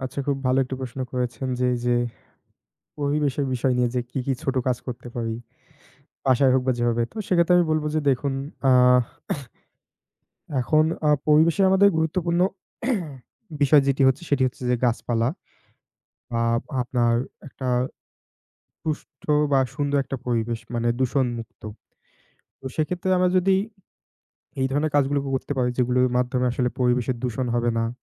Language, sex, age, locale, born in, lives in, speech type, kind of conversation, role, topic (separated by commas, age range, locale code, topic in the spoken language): Bengali, male, 20-24, bn-BD, Bangladesh, Bangladesh, conversation, unstructured, none, আপনি কীভাবে পরিবেশবান্ধব জীবনযাপন শুরু করতে পারেন?